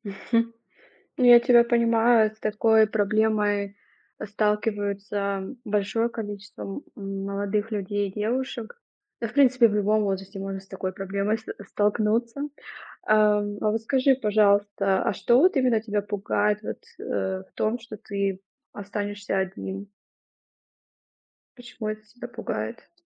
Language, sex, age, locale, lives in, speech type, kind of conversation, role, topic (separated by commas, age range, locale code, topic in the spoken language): Russian, female, 30-34, ru-RU, Germany, conversation, advice, advisor, Как справиться со страхом остаться одному и перестать избегать свиданий?